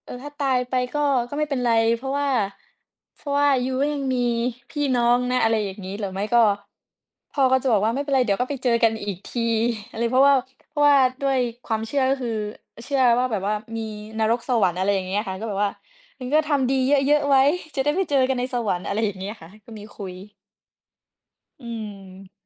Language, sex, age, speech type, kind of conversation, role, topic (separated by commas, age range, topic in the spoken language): Thai, female, 20-24, conversation, unstructured, none, คุณเคยรู้สึกกลัวความตายไหม และทำไมถึงรู้สึกแบบนั้น?